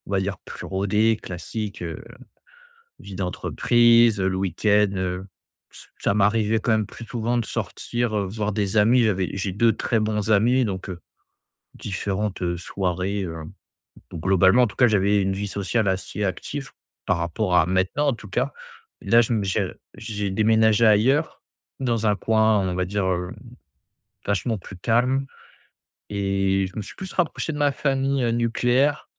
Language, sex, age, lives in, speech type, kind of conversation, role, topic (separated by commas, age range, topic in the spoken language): French, male, 25-29, France, conversation, advice, user, Comment trouver un bon équilibre entre vie sociale et temps seul ?